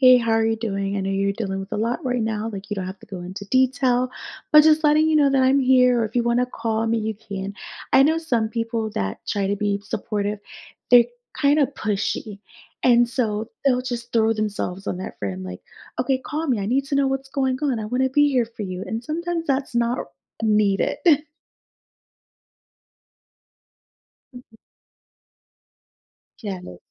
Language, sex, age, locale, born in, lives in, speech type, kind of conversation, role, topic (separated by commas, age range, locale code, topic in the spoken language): English, female, 30-34, en-US, United States, United States, conversation, podcast, guest, How can we be there for friends who are struggling while also taking care of ourselves?